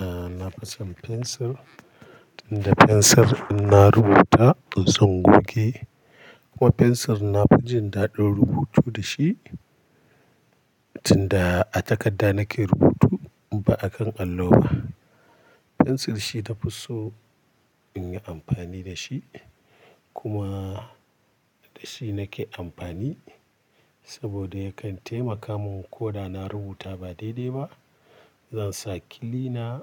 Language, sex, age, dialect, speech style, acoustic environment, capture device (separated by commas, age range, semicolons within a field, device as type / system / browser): Hausa, male, 35-44, Nigeria - Kano, happy, nature, mobile / Linux / Chrome